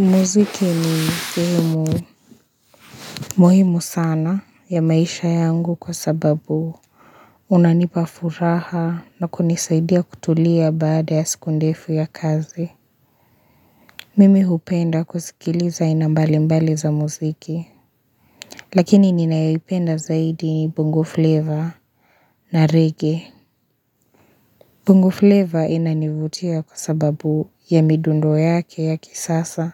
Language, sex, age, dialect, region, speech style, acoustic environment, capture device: Swahili, female, 25-34, Kenya - Nairobi Sheng-influenced, Kenya, relaxed, nature; silence, mobile / Linux / Chrome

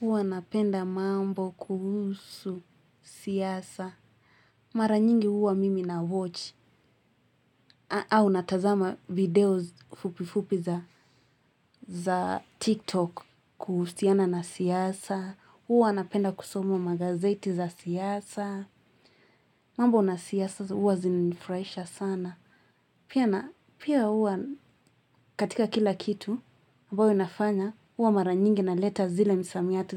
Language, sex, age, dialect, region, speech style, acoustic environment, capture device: Swahili, female, 18-24, Kenya - Nairobi Sheng-influenced, Kenya, happy; anxious, people talking; music; nature, mobile / Linux / Chrome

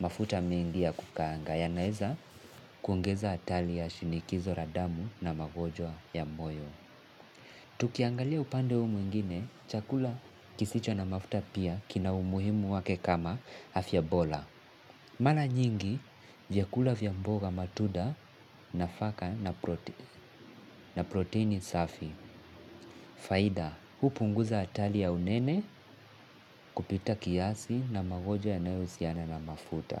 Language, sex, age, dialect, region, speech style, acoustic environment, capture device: Swahili, male, 18-24, Kenya - Mombasa, Kenya, happy, nature, mobile / Linux / Chrome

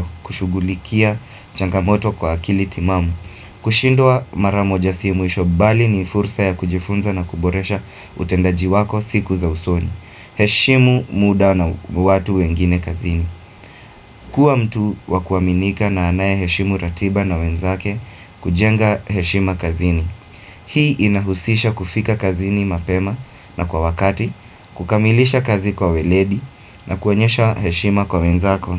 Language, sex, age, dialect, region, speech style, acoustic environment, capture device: Swahili, male, 25-34, Kenya - Mombasa, Kenya, focused; happy, appliances; nature, desktop / Windows / Firefox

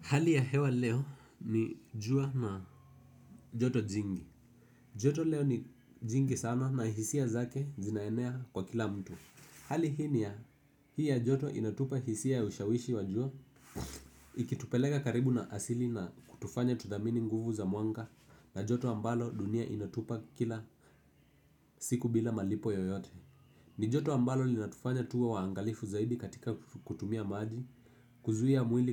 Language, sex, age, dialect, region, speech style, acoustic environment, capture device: Swahili, male, 18-24, Kenya - Nairobi Sheng-influenced, Kenya, focused; relaxed, people talking, desktop / Windows / Edge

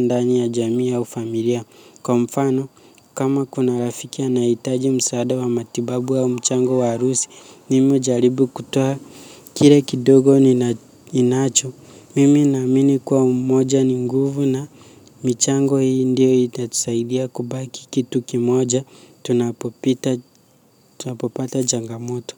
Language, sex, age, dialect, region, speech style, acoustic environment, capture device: Swahili, male, 18-24, Kenya - Nairobi Sheng-influenced, Kenya, focused, nature; silence, mobile / Linux / Chrome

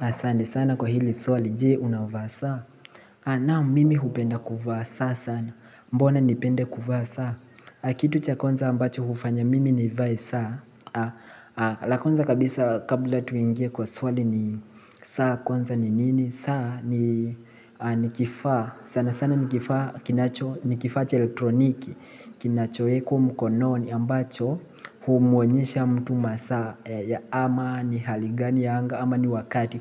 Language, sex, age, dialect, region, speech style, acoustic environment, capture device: Swahili, male, 18-24, Kenya - Mombasa, Kenya, relaxed, people talking; appliances, mobile / Linux / Chrome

